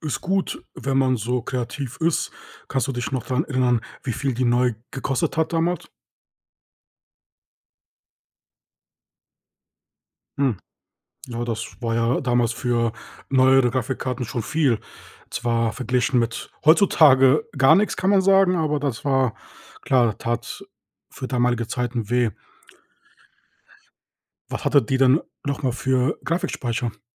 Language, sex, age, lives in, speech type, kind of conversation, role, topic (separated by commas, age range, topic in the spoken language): German, male, 30-34, Germany, conversation, podcast, host, Welche Technikpanne wirst du nie vergessen?